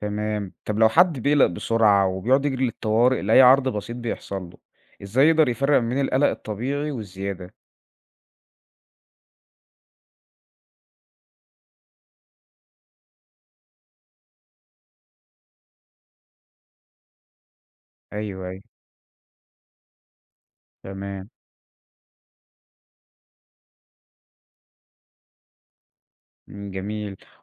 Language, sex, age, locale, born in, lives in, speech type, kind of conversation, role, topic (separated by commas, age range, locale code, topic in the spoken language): Arabic, male, 20-24, ar-EG, Egypt, Egypt, read, expert-assistant, asker, لو حبيت أفهم الفرق بين تخصصات الطب زي القلب والمخ والعظام، أبدأ منين وأدور على معلومات موثوقة فين؟